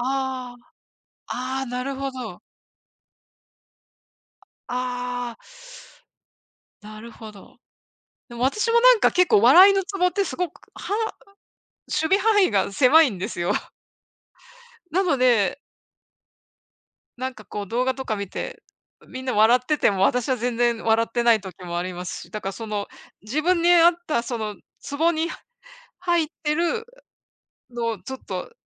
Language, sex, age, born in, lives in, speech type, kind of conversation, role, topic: Japanese, female, 55-59, Japan, United States, conversation, unstructured, none, 最近、ストレスを感じたときはどうしていますか？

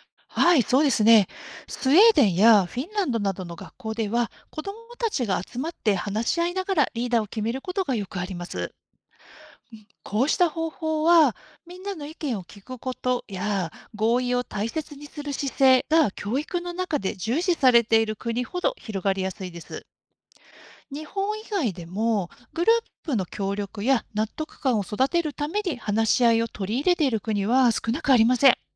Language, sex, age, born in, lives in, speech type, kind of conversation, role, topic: Japanese, female, 50-54, Japan, Japan, read, expert-assistant, expert, 国ごとにリーダーの選び方が違うのは、クラスで班長を決める方法がいろいろあるのと似ていますか？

